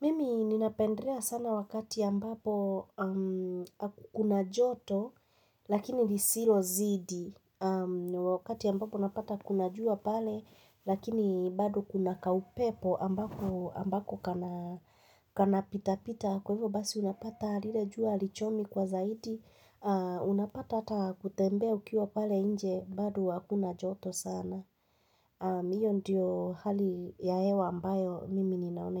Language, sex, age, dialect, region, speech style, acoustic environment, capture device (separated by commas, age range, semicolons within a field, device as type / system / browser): Swahili, female, 25-34, Kenya - Nairobi Sheng-influenced, Kenya, tired, nature, mobile / Linux / Chrome